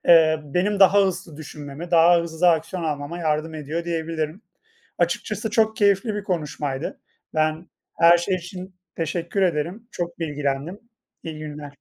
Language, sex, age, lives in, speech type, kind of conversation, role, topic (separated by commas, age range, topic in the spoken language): Turkish, male, 30-34, Poland, conversation, unstructured, none, Yaratıcılığınızı hangi hobilerle ortaya çıkarıyorsunuz?